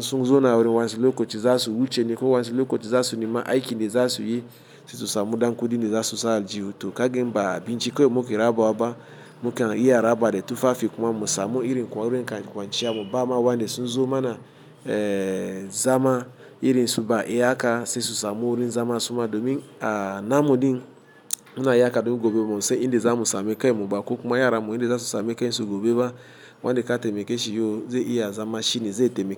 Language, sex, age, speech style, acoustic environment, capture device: Hausa, male, 35-44, happy, traffic; appliances, mobile / Linux / Chrome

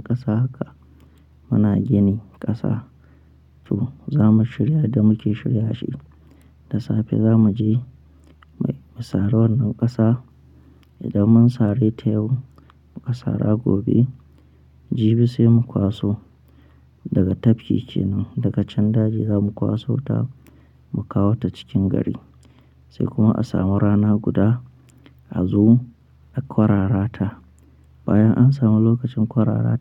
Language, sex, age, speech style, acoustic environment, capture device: Hausa, male, 25-34, happy, nature, mobile / Linux / Chrome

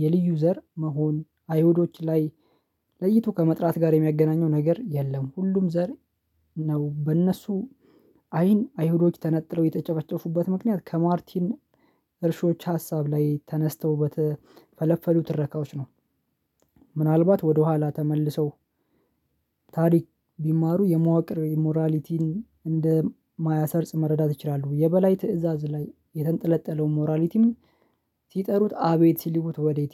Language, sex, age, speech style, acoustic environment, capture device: Amharic, male, 25-34, happy; relaxed, silence, mobile / Linux / Chrome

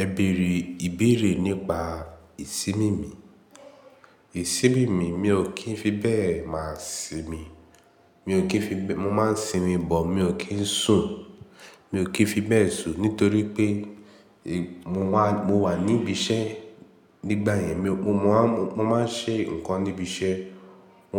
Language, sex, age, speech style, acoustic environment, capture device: Yoruba, male, 18-24, happy, nature, mobile / Linux / Chrome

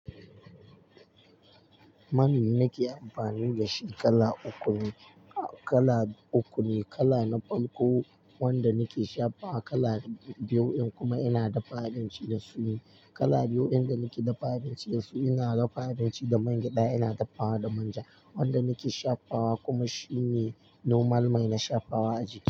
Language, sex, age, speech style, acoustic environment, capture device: Hausa, male, 18-24, focused; tired, silence, mobile / Linux / Chrome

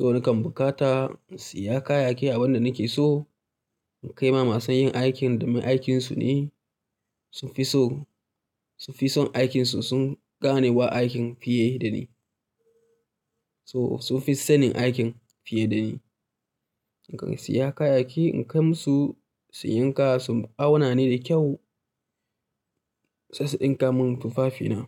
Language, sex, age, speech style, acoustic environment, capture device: Hausa, male, 25-34, tired; relaxed, silence, mobile / Linux / Chrome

